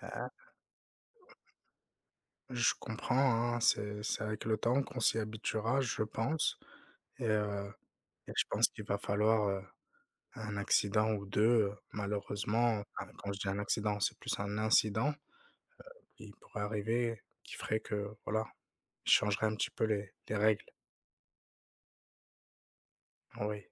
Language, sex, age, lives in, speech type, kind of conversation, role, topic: French, male, 30-34, France, conversation, unstructured, none, Préféreriez-vous revivre le même jour indéfiniment ou faire un bond de dix ans dans le futur ?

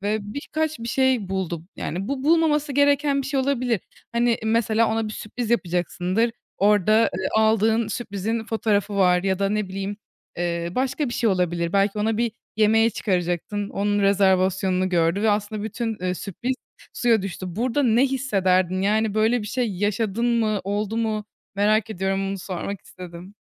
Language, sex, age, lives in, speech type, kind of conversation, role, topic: Turkish, female, 20-24, Germany, conversation, podcast, host, Partnerin telefonuna bakmanın sınırı sence nerede başlar?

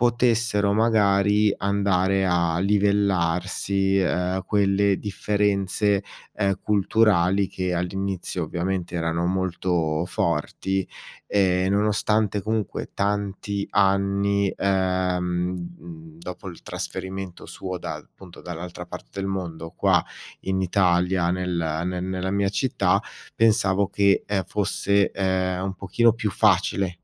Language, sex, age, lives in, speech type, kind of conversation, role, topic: Italian, male, 40-44, Italy, conversation, advice, user, Come posso gestire i litigi ricorrenti con il partner per piccole questioni della quotidianità?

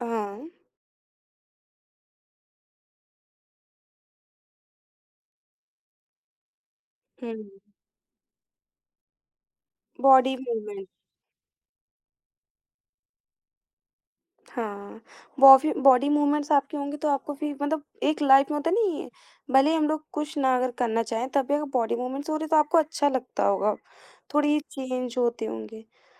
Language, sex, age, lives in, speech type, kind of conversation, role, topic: Hindi, female, 18-19, India, conversation, podcast, host, Neend ka routine stress kitna kam karta hai?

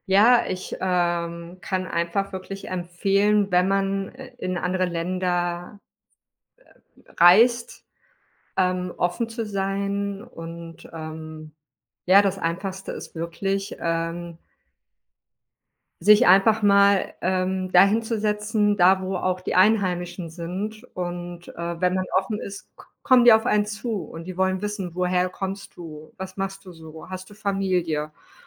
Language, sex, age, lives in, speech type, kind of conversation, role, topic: German, female, 40-44, Spain, conversation, podcast, guest, Wie gehst du unterwegs mit Einsamkeit um?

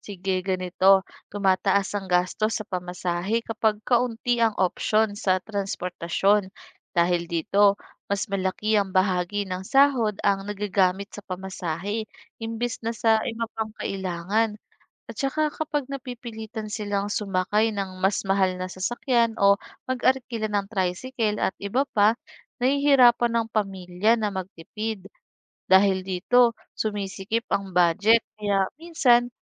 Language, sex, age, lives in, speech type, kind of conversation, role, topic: Filipino, female, 25-29, Philippines, read, expert-assistant, expert, Ano ang epekto ng kakulangan ng pampublikong transportasyon sa mga manggagawa?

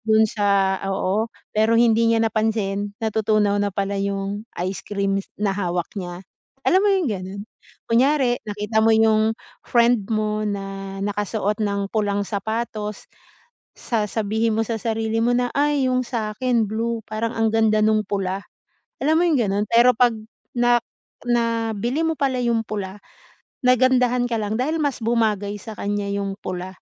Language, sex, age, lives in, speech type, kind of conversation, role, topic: Filipino, female, 40-44, Philippines, conversation, advice, advisor, Paano ko malalaman kung ano ang sarili kong sukatan ng tagumpay?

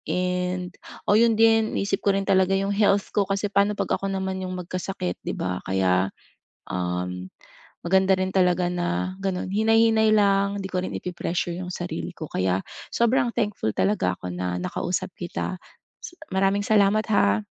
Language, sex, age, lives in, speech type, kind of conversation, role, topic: Filipino, female, 40-44, Philippines, conversation, advice, user, Paano ko mababawasan ang utang at makapag-iipon nang sabay?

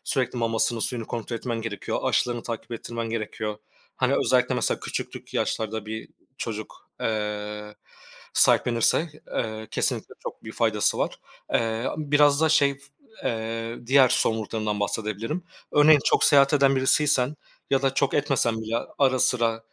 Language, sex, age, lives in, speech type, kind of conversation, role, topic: Turkish, male, 25-29, Poland, conversation, unstructured, none, Kediyi mi yoksa köpeği mi daha çok seversiniz?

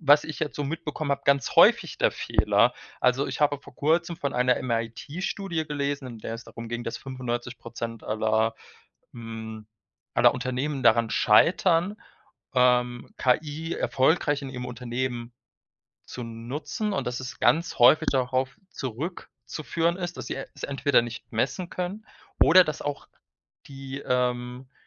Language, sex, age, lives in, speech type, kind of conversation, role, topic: German, male, 25-29, Germany, conversation, unstructured, none, Wie beeinflusst Technik deinen Alltag am stärksten?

